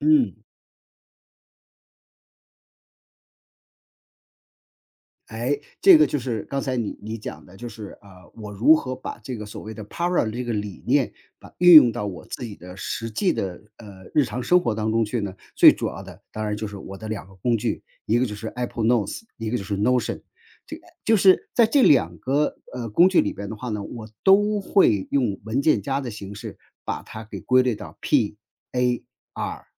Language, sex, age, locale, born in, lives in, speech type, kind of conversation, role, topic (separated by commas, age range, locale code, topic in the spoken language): Chinese, male, 55-59, zh-CN, China, United States, conversation, podcast, guest, 你会如何搭建一个学习资料库？